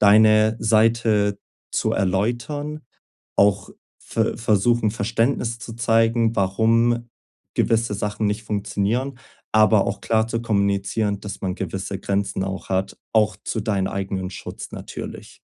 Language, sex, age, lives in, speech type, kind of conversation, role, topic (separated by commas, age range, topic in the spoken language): German, male, 20-24, Germany, conversation, advice, advisor, Wie kann ich sicherstellen, dass meine Worte und mein Verhalten wirklich zusammenpassen?